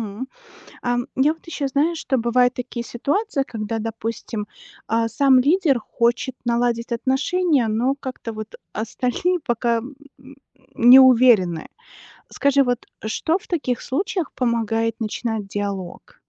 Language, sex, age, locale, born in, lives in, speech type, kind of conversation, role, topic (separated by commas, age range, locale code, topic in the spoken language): Russian, female, 40-44, ru-RU, Belarus, United States, read, expert-assistant, asker, Почему иногда идеи одной религии начинают расходиться, и появляются новые направления или общины?